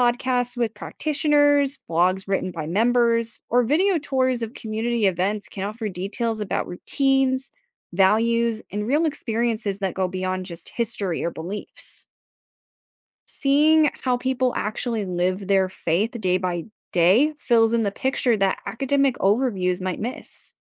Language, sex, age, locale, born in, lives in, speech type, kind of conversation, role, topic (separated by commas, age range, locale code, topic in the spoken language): English, female, 25-29, en-US, United States, United States, read, expert-assistant, expert, Where can trustworthy information on global spiritual practices be found?